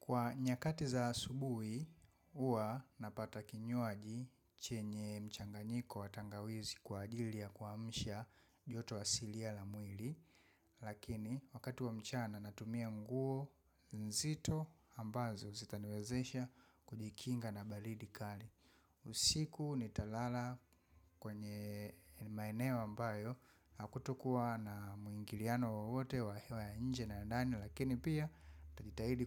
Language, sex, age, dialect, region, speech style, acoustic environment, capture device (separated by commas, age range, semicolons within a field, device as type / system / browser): Swahili, female, 25-34, Tanzania - Dar es Salaam, Tanzania, excited; focused; relaxed; happy, people talking; silence, mobile / macOS / Safari